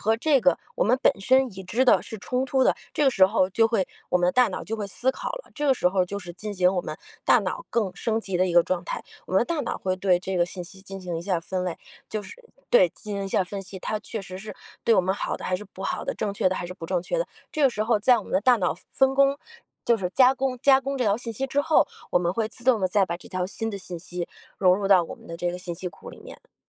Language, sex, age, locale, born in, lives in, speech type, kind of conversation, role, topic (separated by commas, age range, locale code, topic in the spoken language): Chinese, female, 40-44, zh-CN, China, United States, conversation, podcast, guest, 你有哪些整理知识库的实用技巧？